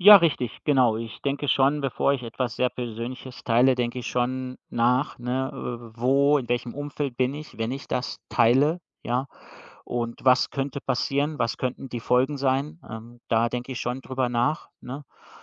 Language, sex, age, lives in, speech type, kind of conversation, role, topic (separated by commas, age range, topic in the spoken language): German, male, 45-49, Germany, conversation, podcast, guest, Wie findest du den Mut, sehr Persönliches zu teilen?